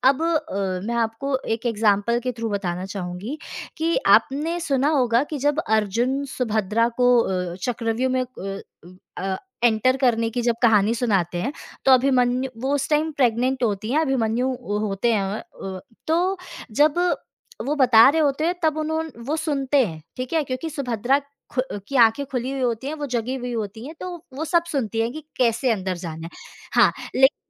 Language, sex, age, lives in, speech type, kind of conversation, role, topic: Hindi, female, 25-29, India, conversation, podcast, guest, आप अपने बच्चों को कौन-कौन से नैतिक मूल्य सिखाते हैं?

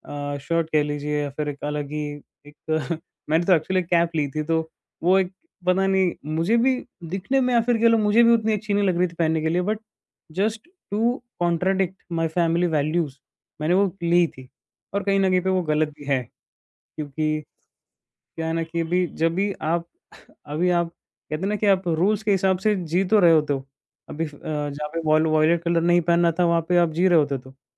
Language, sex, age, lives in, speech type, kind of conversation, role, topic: Hindi, male, 20-24, India, conversation, podcast, guest, Kya kabhi aapke parivaarik moolyon aur aaj ke zamaane ki soch mein takraav hua hai, aur aapne use kaise sambhala?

